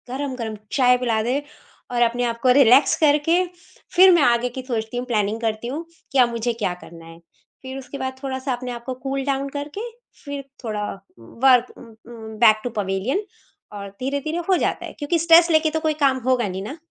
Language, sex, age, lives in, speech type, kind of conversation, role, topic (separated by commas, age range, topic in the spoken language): Hindi, female, 45-49, India, conversation, podcast, guest, Work-from-home aur family ka balance aap kaise maintain karte hain?